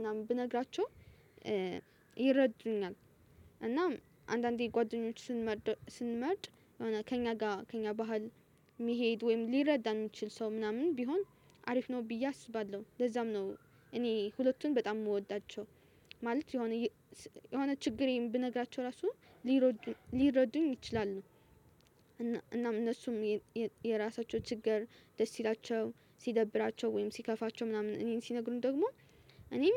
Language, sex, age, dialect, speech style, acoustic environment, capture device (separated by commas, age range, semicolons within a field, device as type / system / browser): Amharic, female, 18-24, Ethiopia - Addis Ababa, focused, nature, mobile / Linux / Chrome